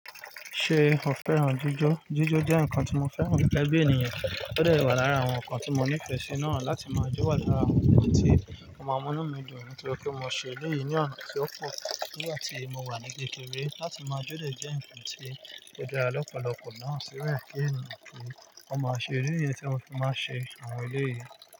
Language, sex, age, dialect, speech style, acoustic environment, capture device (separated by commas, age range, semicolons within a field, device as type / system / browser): Yoruba, male, 25-34, Nigeria - Nigerian Standard, relaxed, nature, mobile / Linux / Chrome